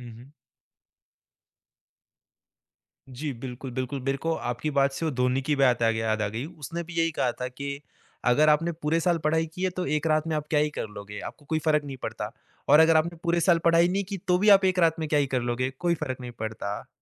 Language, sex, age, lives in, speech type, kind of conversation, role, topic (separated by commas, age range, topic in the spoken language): Hindi, male, 20-24, India, conversation, podcast, host, Family ke saath quality time bitaane ke liye sabse achha shauk kya ho sakta hai?